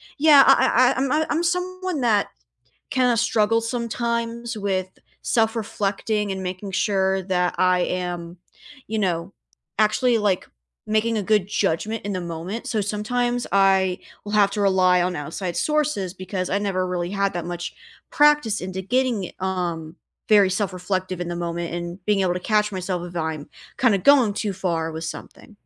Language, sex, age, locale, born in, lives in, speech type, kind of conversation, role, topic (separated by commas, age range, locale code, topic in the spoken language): English, female, 20-24, en-US, United States, United States, conversation, unstructured, none, What hobby would you love to pick up this year, and how could we explore it together?